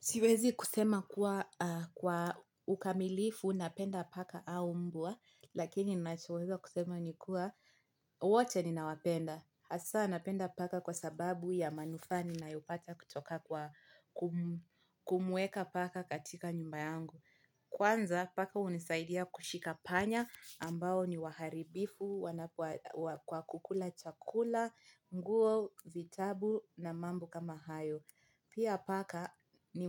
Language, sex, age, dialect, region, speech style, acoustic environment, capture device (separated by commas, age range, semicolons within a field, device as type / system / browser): Swahili, female, 25-34, Kenya - Mombasa, Kenya, relaxed, nature, mobile / Linux / Chrome